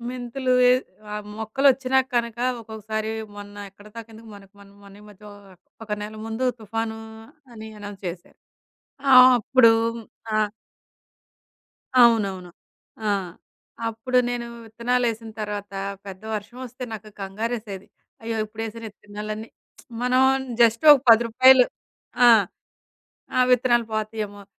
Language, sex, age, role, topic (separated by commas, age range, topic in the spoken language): Telugu, female, 40-44, guest, రైతుల పని చూస్తే లేదా మట్టి వాసన వచ్చినప్పుడు మీకు ఏ జ్ఞాపకాలు గుర్తుకొస్తాయి?